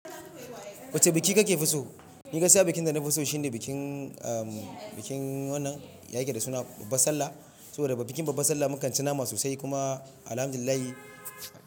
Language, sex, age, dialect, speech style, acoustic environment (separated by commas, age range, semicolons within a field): Hausa, male, 25-34, Nigeria - Ibadan, relaxed; focused, silence